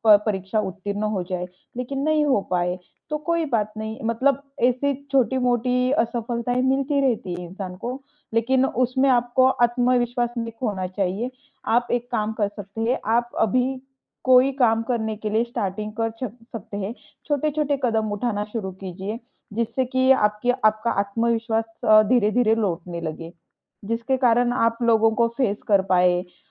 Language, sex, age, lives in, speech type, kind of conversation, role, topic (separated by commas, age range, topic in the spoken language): Hindi, female, 25-29, India, conversation, advice, advisor, असफलता या शर्मिंदगी के बाद क्या आपका खुद पर से भरोसा फिर से खो जाता है?